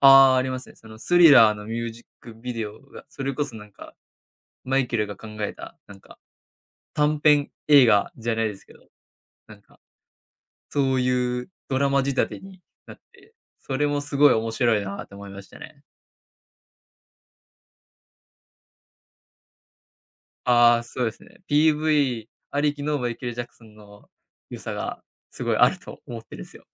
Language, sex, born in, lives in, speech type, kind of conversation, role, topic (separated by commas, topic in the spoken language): Japanese, male, Japan, Japan, conversation, podcast, guest, 子どものころと比べて、音楽の好みはどのように変わりましたか？